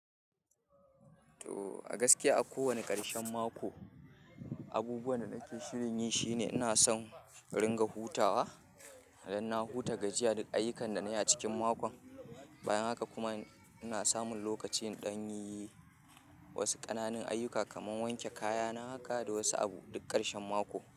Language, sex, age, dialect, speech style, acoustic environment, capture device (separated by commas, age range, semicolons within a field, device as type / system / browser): Hausa, male, 25-34, Nigeria - Kano, happy; excited; relaxed; focused, people talking; music; nature, mobile / Linux / Chrome